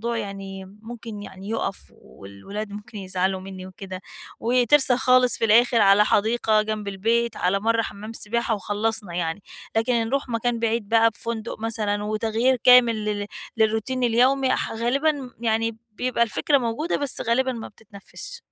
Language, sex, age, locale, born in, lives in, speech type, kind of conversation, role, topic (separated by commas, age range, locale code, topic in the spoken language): Arabic, female, 40-44, ar-EG, Egypt, Portugal, conversation, advice, user, إزاي أوازن بين الراحة والنشاط في العطلة عشان أحس إني اتجددت؟